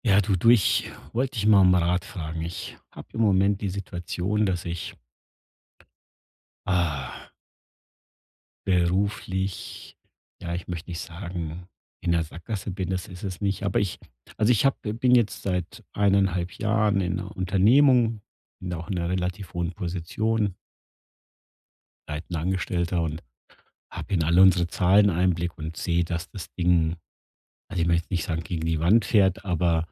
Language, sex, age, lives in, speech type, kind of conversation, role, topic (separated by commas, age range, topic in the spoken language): German, male, 50-54, Germany, conversation, advice, user, Wie kann ich bei großen Entscheidungen Risiken und Chancen besser abwägen?